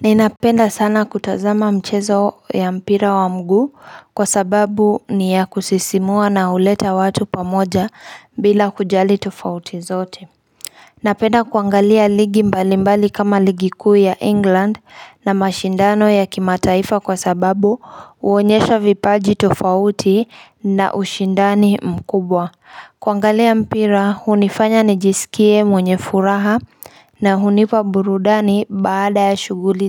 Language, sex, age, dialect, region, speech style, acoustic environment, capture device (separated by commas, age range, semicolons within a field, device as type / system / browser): Swahili, female, 25-34, Kenya - Nairobi Sheng-influenced, Kenya, focused, silence, mobile / Linux / Chrome